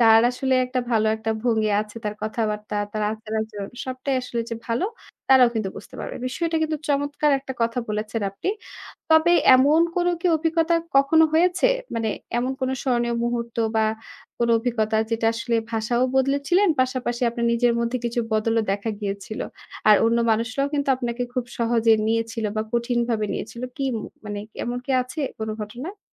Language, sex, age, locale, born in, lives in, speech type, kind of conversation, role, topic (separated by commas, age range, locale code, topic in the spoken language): Bengali, female, 25-29, bn-BD, Bangladesh, Bangladesh, conversation, podcast, host, ভাষা বদলে যাওয়ায় নিজের মধ্যে কী বদল অনুভব করো?